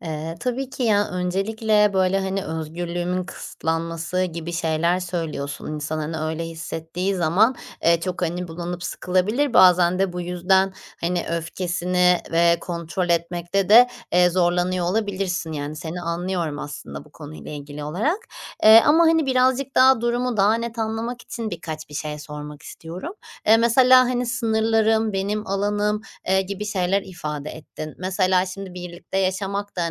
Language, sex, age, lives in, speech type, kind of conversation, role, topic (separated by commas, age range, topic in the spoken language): Turkish, female, 30-34, Netherlands, conversation, advice, advisor, Arkadaşımla yaşadığım bir anlaşmazlığı nasıl yapıcı, sakin ve saygılı bir şekilde çözebilirim?